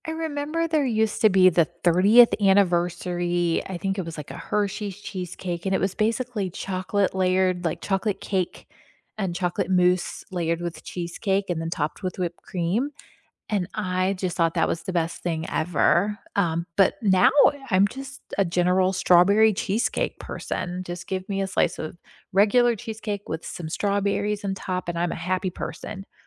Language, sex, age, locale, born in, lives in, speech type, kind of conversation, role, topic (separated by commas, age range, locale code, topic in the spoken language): English, female, 40-44, en-US, United States, United States, conversation, unstructured, none, What is the story behind your favorite weekend ritual, and what makes it meaningful to you?